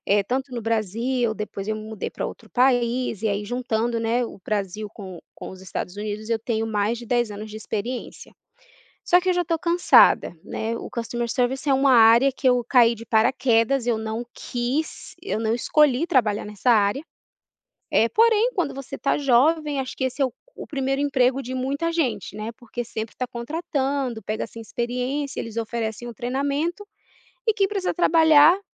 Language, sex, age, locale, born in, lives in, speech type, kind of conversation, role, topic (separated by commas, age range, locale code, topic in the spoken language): Portuguese, female, 30-34, pt-BR, Brazil, United States, conversation, advice, user, Como você descreveria sua procrastinação em tarefas importantes com prazo ou impacto na sua carreira?